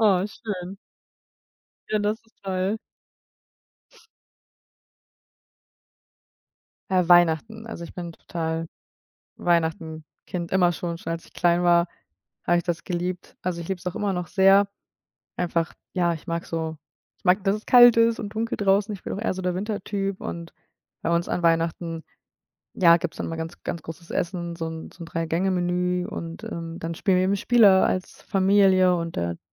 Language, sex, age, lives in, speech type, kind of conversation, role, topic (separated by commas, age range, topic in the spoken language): German, female, 25-29, Germany, conversation, unstructured, none, Was ist dein liebstes Fest und warum?